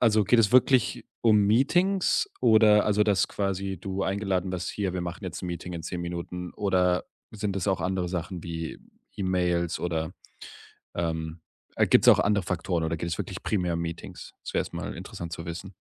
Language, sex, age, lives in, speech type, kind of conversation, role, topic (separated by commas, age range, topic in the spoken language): German, male, 25-29, Germany, conversation, advice, advisor, Wie kann ich trotz Meetings und E-Mails Zeit für konzentriertes Arbeiten einplanen?